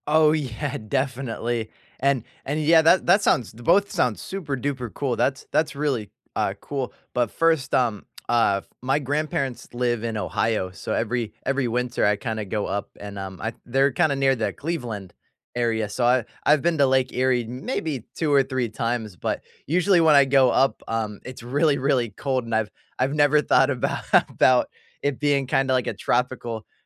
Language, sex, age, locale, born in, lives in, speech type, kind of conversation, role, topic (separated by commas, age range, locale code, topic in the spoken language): English, male, 25-29, en-US, United States, United States, conversation, unstructured, none, What is the most unexpectedly delightful place you've visited close to home?